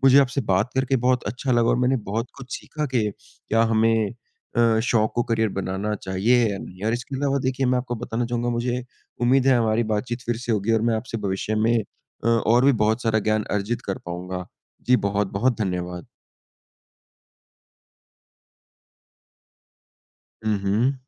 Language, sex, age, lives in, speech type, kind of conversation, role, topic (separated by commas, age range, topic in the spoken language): Hindi, male, 20-24, India, conversation, unstructured, none, क्या आपको लगता है कि शौक को करियर बनाना सही है?